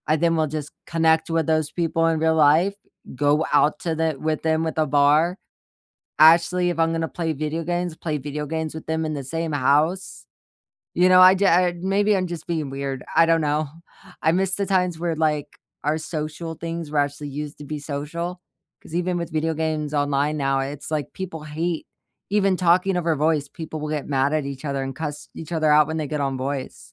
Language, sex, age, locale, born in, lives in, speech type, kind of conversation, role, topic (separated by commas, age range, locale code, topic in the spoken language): English, female, 25-29, en-US, United States, United States, conversation, unstructured, none, How do you take digital breaks without FOMO while staying meaningfully connected to the people who matter most?